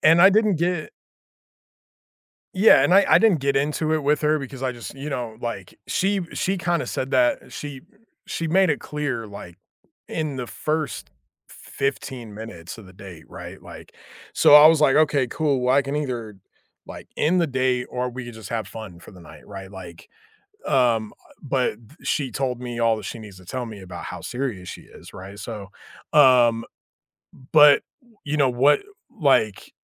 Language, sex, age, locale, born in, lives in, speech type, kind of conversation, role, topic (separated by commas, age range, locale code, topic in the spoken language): English, male, 35-39, en-US, United States, United States, conversation, unstructured, none, How can one tell when a relationship has become too routine?